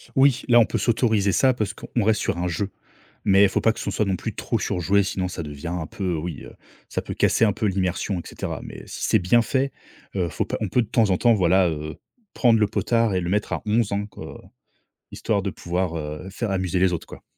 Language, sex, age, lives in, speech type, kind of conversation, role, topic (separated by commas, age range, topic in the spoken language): French, male, 30-34, France, conversation, podcast, guest, Quels conseils donnerais-tu à un vrai débutant ?